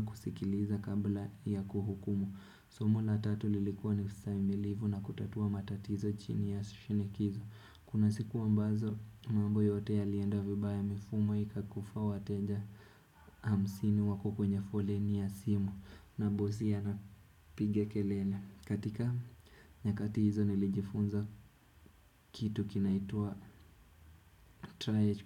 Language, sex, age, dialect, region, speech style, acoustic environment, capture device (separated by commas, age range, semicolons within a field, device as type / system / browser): Swahili, male, 18-24, Kenya - Nairobi Sheng-influenced, Kenya, happy; focused, nature; silence, desktop / Windows / Chrome